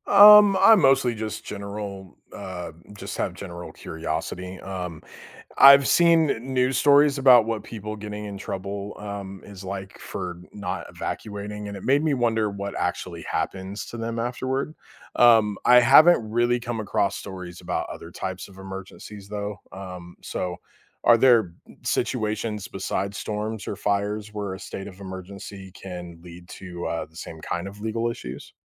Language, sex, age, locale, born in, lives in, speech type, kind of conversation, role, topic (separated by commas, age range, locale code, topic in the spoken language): English, male, 35-39, en-US, United States, United States, read, expert-assistant, asker, What do people mean when they talk about a 'state of emergency' during disasters?